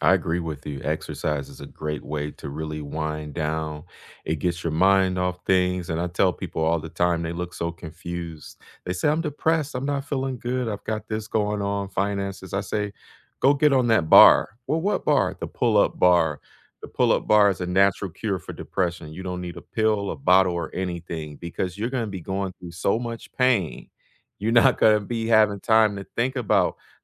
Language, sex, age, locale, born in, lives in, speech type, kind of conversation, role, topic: English, male, 35-39, en-US, United States, United States, conversation, unstructured, none, What do you do to relax after a stressful day?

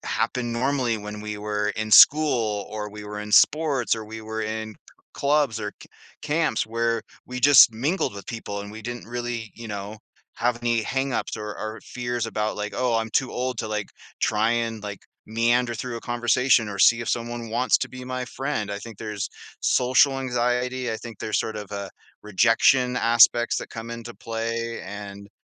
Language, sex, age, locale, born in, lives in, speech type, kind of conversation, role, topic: English, male, 40-44, en-US, Canada, United States, conversation, podcast, guest, What makes it challenging to form new friendships as an adult, and how can we overcome those barriers?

